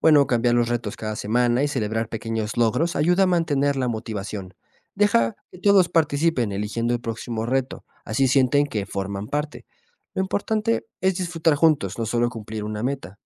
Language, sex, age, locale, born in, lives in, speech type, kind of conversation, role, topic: Spanish, male, 25-29, es-MX, Mexico, Mexico, read, expert-assistant, expert, ¿Qué nuevas ideas podríamos probar para ayudar a las personas a cuidar su salud desde casa?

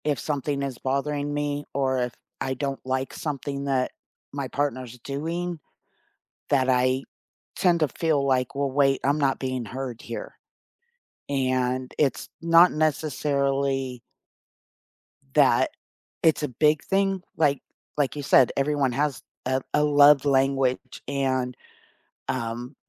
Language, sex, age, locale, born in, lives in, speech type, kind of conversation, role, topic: English, female, 55-59, en-US, United States, United States, conversation, unstructured, none, How can you learn from past relationships while staying open and free of emotional baggage?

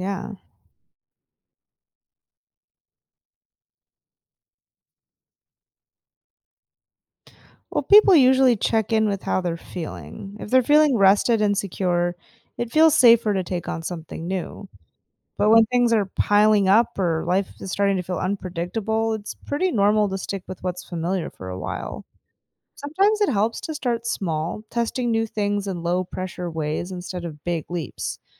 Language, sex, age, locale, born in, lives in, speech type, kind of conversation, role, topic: English, female, 35-39, en-US, United States, United States, read, expert-assistant, expert, What makes people want to explore the unknown?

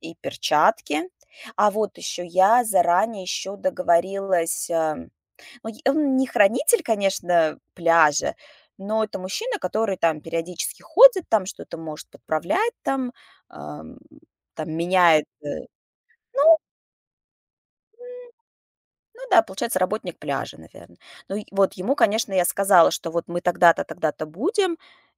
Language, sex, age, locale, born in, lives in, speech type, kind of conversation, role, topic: Russian, female, 35-39, ru-RU, Russia, United States, conversation, podcast, guest, Как вовлечь соседей в уборку местных лесопарков и пляжей?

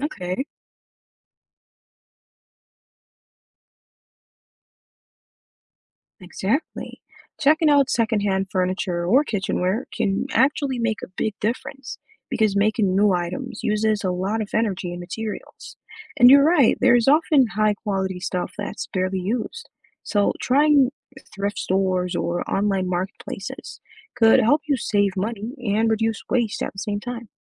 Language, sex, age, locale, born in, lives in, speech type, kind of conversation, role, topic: English, male, 25-29, en-US, Jamaica, United States, read, expert-assistant, expert, Why is it important to reduce our carbon footprint?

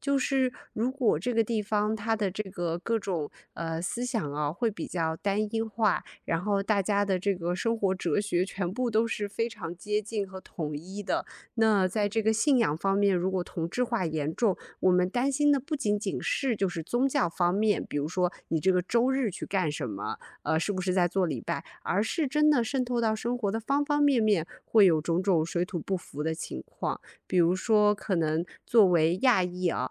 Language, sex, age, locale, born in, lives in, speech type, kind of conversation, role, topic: Chinese, female, 30-34, zh-CN, China, United States, conversation, advice, user, 配偶或家人对搬迁决策有强烈分歧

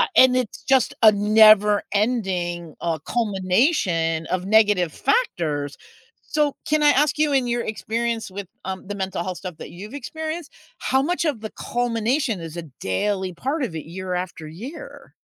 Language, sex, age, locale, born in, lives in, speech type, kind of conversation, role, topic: English, female, 60-64, en-US, United States, United States, conversation, unstructured, none, How do I talk to people about mental health naturally?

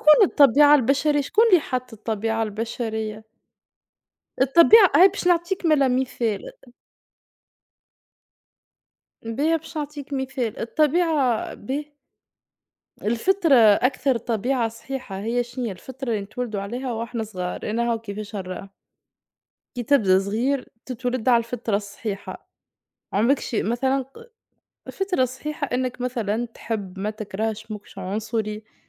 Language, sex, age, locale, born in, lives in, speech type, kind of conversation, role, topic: Arabic, female, 25-29, ar-TN, Tunisia, Portugal, conversation, unstructured, none, هل الخوف ممكن يبقى دافع للتغيير؟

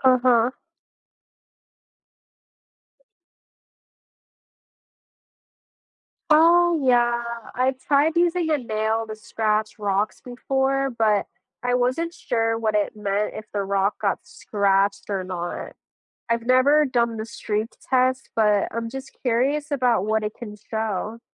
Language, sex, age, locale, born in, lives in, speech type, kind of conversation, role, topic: English, female, 20-24, en-US, United States, United States, read, expert-assistant, asker, Why do some rocks have crystals inside them?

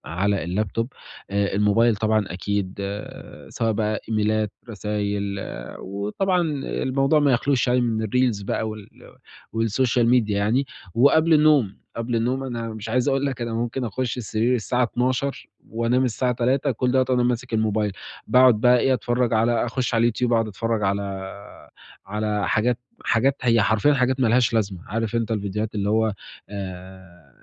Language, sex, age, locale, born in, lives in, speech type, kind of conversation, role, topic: Arabic, male, 20-24, ar-EG, Egypt, Italy, conversation, advice, user, إزاي أقدر أحسّن جودة نومي عشان أصحى نشيط؟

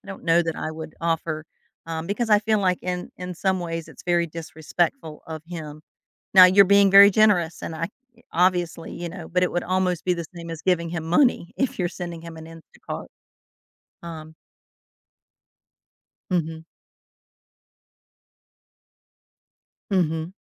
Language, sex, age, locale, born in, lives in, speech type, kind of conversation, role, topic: English, female, 55-59, en-US, United States, United States, conversation, advice, advisor, How can I set boundaries and protect my finances when a friend keeps borrowing money and not repaying?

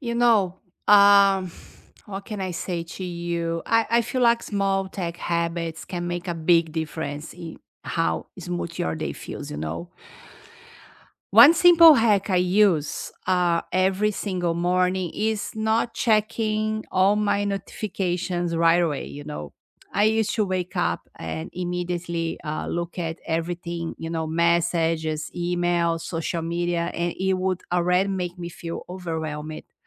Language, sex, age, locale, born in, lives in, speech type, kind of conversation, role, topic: English, female, 50-54, en-BR, Brazil, United States, conversation, unstructured, none, What small tech hacks make your day run more smoothly, and what stories or tips are behind them?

